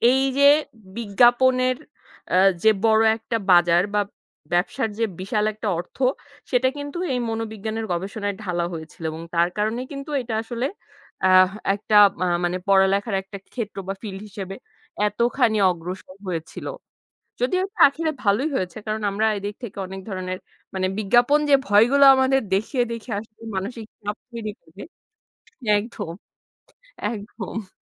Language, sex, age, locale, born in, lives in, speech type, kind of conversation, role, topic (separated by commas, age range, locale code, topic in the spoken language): Bengali, female, 25-29, bn-BD, Bangladesh, Bangladesh, conversation, unstructured, none, কীভাবে বিজ্ঞাপন আমাদের মধ্যে ভয় বাড়িয়ে তোলে?